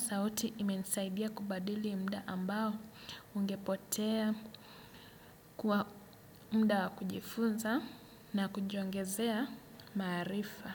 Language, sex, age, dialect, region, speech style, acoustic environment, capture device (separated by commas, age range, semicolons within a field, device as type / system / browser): Swahili, male, 25-34, Kenya - Mombasa, Kenya, relaxed, nature, desktop / Windows / Chrome